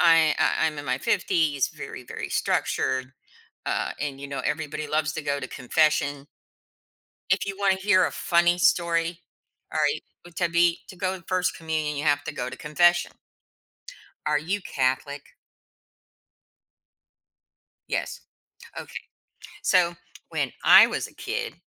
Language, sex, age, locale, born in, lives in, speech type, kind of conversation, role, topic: English, female, 55-59, en-US, United States, United States, conversation, podcast, guest, How do religious beliefs shape the way people live and make everyday choices?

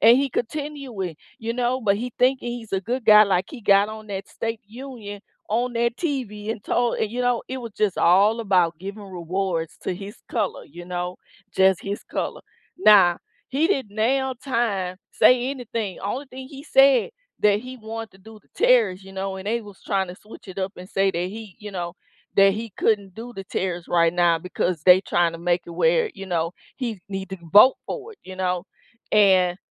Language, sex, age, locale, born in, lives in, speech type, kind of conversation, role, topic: English, female, 35-39, en-US, United States, United States, conversation, unstructured, none, What is your opinion of politicians who put personal gain first?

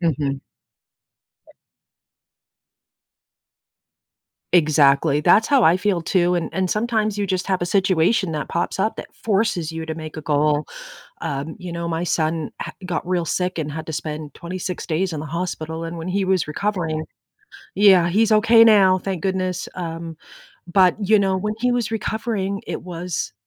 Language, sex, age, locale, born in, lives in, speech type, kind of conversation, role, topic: English, female, 50-54, en-US, United States, United States, conversation, unstructured, none, What is your strategy for setting and achieving personal goals?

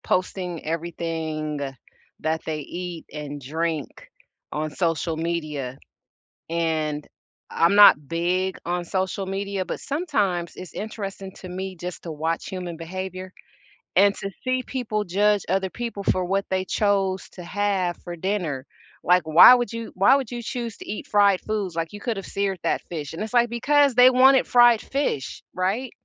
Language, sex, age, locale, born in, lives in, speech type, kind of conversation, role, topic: English, female, 50-54, en-US, United States, United States, conversation, unstructured, none, How should I respond when people judge me for what I eat?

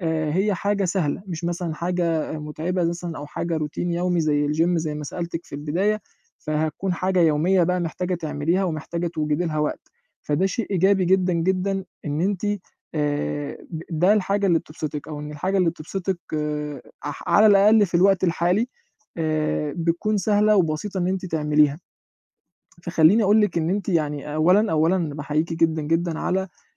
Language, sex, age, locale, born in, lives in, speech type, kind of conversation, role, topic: Arabic, male, 20-24, ar-EG, Egypt, Egypt, conversation, advice, advisor, إزاي أقدر أوازن بين رعايتي للناس واهتمامي بنفسي؟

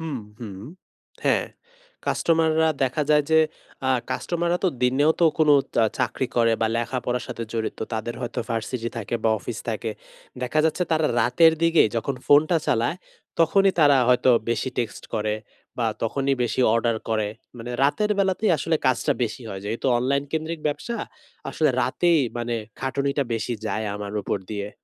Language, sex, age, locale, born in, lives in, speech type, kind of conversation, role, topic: Bengali, male, 20-24, bn-BD, Bangladesh, Bangladesh, conversation, advice, user, আমি বাড়িতে শান্তিতে আরাম করে বিশ্রাম নিতে পারছি না কেন?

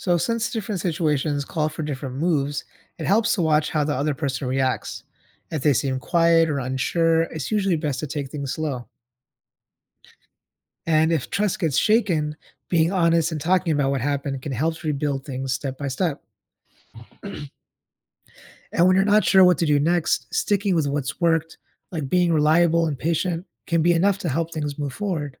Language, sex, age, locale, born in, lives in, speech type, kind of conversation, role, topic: English, male, 30-34, en-US, United States, United States, read, expert-assistant, expert, How do you build trust with someone new in your life?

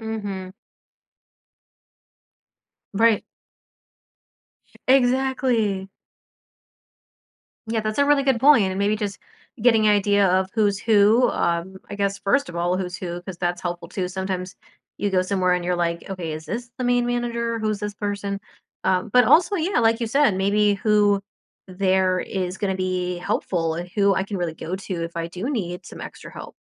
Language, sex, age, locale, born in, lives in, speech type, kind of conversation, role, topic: English, female, 30-34, en-US, United States, United States, conversation, advice, user, How can I handle awkwardness on day one at a new job?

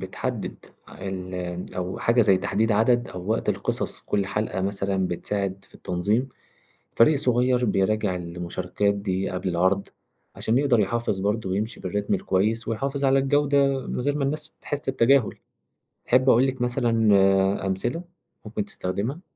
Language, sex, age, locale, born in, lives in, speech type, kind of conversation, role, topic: Arabic, male, 20-24, ar-EG, Egypt, Egypt, read, expert-assistant, expert, إزاي نقدر نخلي برامج الراديو والتلفزيون أكتر جذب للناس اللي بتتفرج أو بتسمع؟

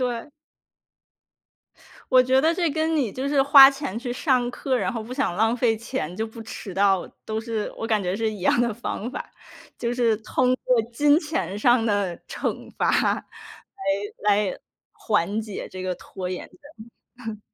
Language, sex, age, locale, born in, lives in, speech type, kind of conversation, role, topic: Chinese, female, 30-34, zh-CN, China, United States, conversation, podcast, host, 有哪些方法可以避免拖延症？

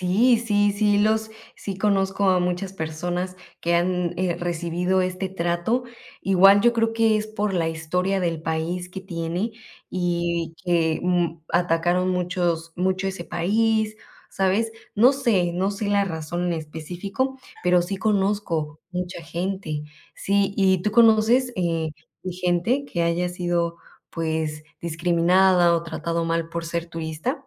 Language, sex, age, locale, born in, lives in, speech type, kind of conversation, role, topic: Spanish, female, 25-29, es-MX, Mexico, Mexico, conversation, unstructured, none, ¿Alguna vez te han tratado mal por ser turista?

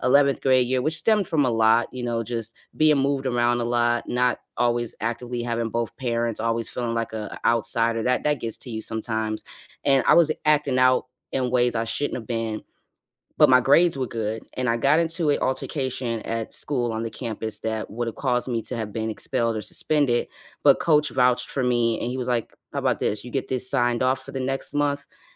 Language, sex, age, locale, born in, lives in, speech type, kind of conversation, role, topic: English, female, 30-34, en-US, United States, United States, conversation, unstructured, none, Who is a mentor who shaped your career, and how did they help you?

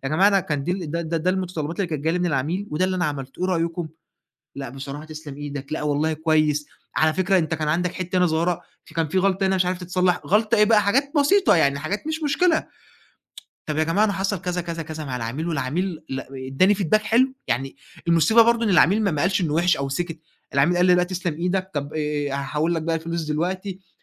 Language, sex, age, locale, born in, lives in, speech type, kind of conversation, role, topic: Arabic, male, 20-24, ar-EG, Egypt, Egypt, conversation, advice, user, إزاي أرجّع ثقتي في نفسي بعد غلطة كبيرة في الشغل وبقيت خايف أقدّم تاني؟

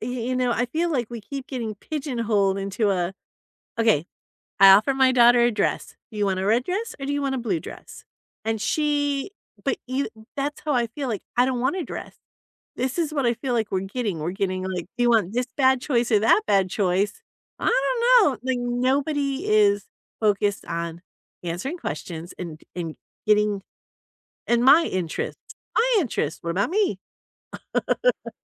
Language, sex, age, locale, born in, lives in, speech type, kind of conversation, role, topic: English, female, 50-54, en-US, United States, United States, conversation, unstructured, none, How do you feel when politicians avoid answering tough questions?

